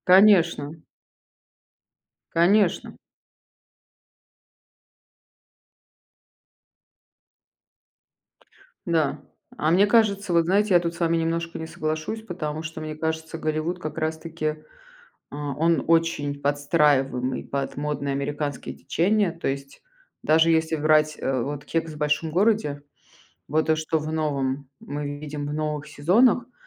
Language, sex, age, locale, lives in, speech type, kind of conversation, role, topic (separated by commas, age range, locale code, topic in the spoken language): Russian, female, 35-39, ru-RU, United States, conversation, unstructured, none, Как искусство может объединять разные культуры?